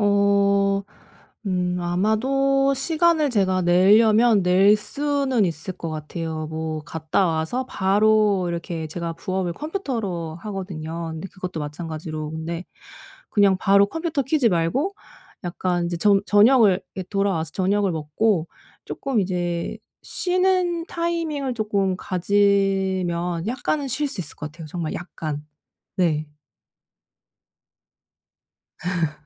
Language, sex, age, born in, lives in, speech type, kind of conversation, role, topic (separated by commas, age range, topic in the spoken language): Korean, female, 35-39, South Korea, Germany, conversation, advice, user, 자기돌봄의 우선순위를 어떻게 정하면 좋을까요?